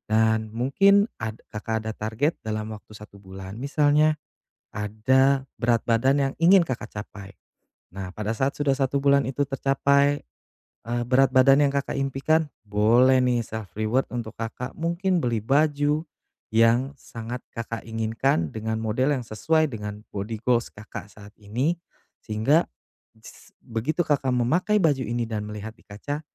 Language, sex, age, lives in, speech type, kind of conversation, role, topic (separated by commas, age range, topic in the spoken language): Indonesian, male, 35-39, Indonesia, conversation, advice, advisor, Bagaimana cara memberi hadiah pada diri sendiri agar tetap konsisten setiap hari?